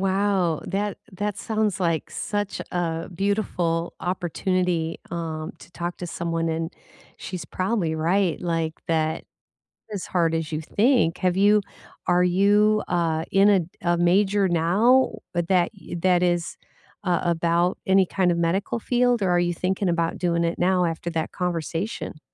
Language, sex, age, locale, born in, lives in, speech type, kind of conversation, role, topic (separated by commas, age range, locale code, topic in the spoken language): English, female, 60-64, en-US, United States, United States, conversation, unstructured, none, What made your best recent conversation with a stranger meaningful, and are these interactions worth seeking out?